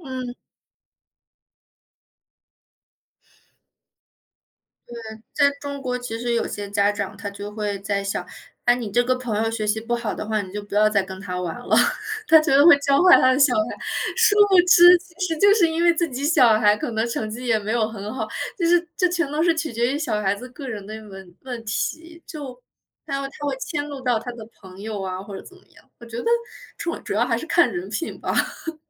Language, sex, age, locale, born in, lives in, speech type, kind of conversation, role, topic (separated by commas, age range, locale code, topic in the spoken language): Chinese, female, 25-29, zh-CN, China, Germany, conversation, unstructured, none, 家长对学习成绩的期望会给学生带来压力吗？